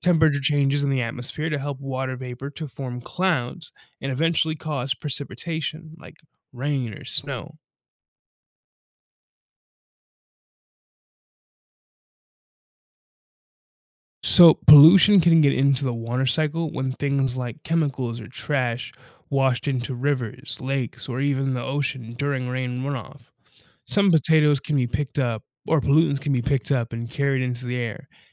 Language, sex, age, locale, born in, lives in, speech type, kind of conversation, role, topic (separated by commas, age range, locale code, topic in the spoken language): English, male, 20-24, en-US, United States, United States, read, expert-assistant, expert, How does the water cycle work on Earth?